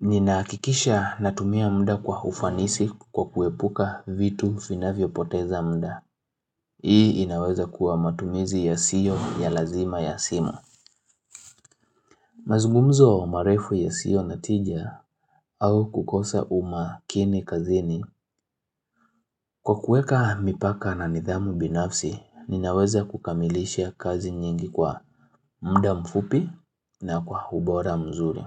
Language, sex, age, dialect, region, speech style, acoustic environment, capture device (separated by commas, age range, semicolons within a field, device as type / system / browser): Swahili, male, 25-34, Kenya - Nairobi Sheng-influenced, Kenya, relaxed; excited; happy, silence, mobile / Linux / Chrome